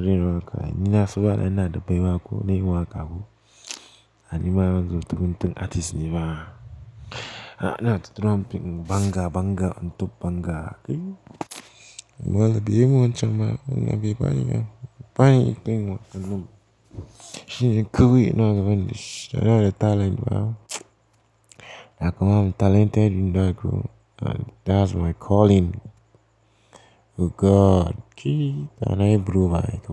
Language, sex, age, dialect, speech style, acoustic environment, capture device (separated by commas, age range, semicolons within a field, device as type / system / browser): Hausa, male, 18-24, Nigeria - Pidgin-influenced, focused; excited; happy, silence, mobile / Linux / Chrome